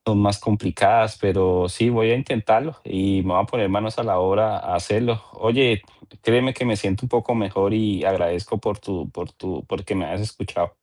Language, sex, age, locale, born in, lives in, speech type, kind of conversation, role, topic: Spanish, male, 40-44, es-CO, Colombia, United States, conversation, advice, user, ¿Cómo puedo alcanzar metas materiales sin sentir satisfacción personal?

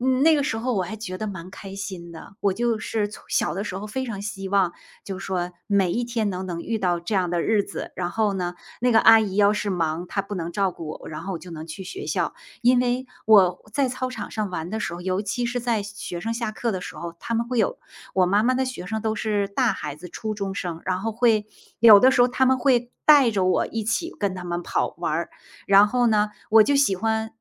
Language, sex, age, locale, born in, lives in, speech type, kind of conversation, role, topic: Chinese, female, 45-49, zh-TW, China, United States, conversation, unstructured, none, 如果可以回到过去，你会对小时候的自己说些什么？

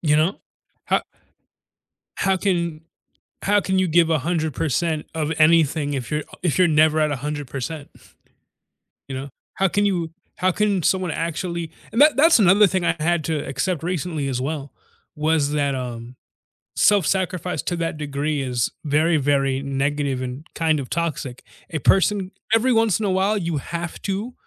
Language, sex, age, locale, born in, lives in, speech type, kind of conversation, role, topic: English, male, 20-24, en-US, United States, United States, conversation, unstructured, none, How do you decide when to give in or stand your ground?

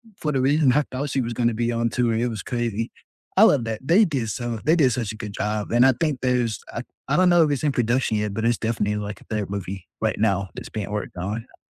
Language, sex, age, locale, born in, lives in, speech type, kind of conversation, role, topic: English, male, 20-24, en-US, United States, United States, conversation, unstructured, none, What is the most underrated movie you would recommend to everyone?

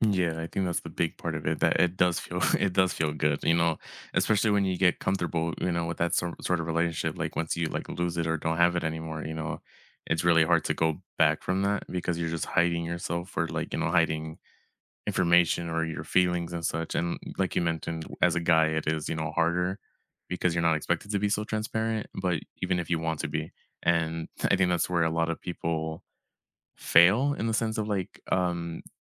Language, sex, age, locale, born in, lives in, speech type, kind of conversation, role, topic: English, male, 25-29, en-US, United States, United States, conversation, unstructured, none, Have you ever felt like you had to hide who you are?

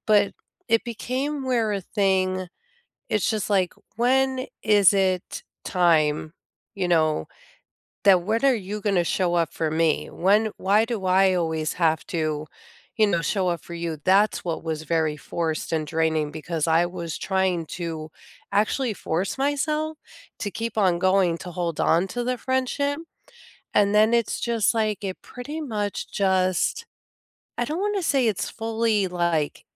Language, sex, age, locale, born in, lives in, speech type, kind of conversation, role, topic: English, female, 45-49, en-US, United States, United States, conversation, unstructured, none, How do you maintain friendships and stay close across time and distance?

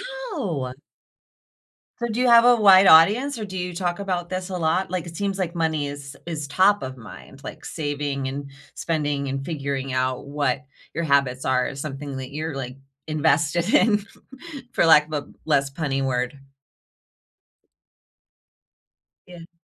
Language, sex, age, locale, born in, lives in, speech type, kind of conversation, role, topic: English, female, 45-49, en-US, United States, United States, conversation, unstructured, none, What is one money habit that makes you feel proud?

